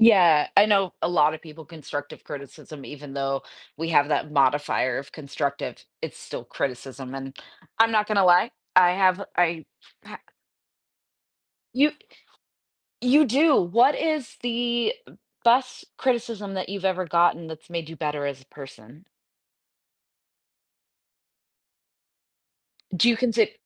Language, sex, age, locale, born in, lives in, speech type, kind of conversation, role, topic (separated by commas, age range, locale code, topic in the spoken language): English, female, 40-44, en-US, United States, United States, conversation, podcast, host, How do you recognize and build strong, supportive relationships in your life?